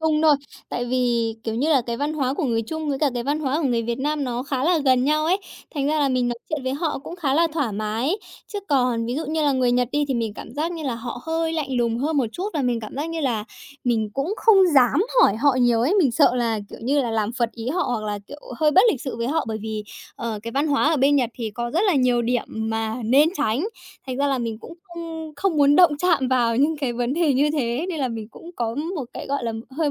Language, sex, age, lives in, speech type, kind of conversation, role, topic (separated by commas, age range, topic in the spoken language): Vietnamese, female, 20-24, Japan, conversation, podcast, guest, Bạn xử lý cảm giác cô đơn khi ở một mình như thế nào?